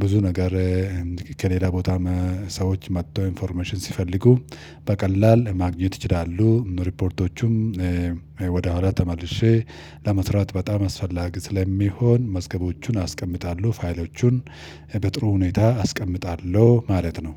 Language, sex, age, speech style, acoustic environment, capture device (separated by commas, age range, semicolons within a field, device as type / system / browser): Amharic, male, 35-44, happy; relaxed; focused, silence, mobile / Linux / Chrome